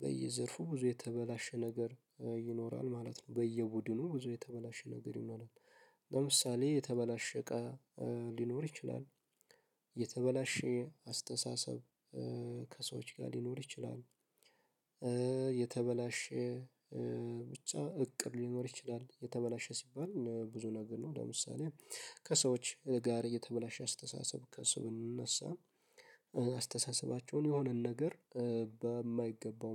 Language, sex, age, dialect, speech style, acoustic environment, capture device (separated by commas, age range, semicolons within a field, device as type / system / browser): Amharic, male, 18-24, Ethiopia - Addis Ababa, focused; happy, nature; silence, mobile / Linux / Chrome